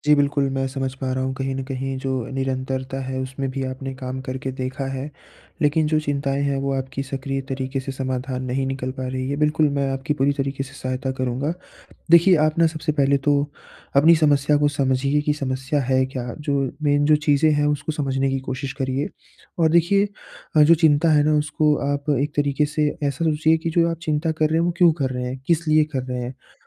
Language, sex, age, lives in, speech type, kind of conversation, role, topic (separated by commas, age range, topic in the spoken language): Hindi, male, 20-24, India, conversation, advice, advisor, मैं अपनी निष्क्रिय चिंता को सक्रिय समाधान में कैसे बदल सकता/सकती हूँ?